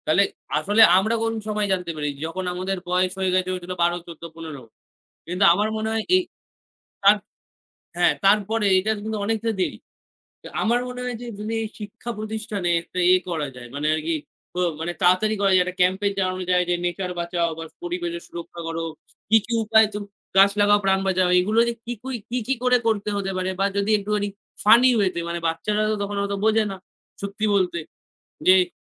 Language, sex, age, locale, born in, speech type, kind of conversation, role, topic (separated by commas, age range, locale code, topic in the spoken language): Bengali, male, 18-19, bn-IN, India, conversation, unstructured, none, প্রকৃতির সুরক্ষা কেন আমাদের জন্য জরুরি?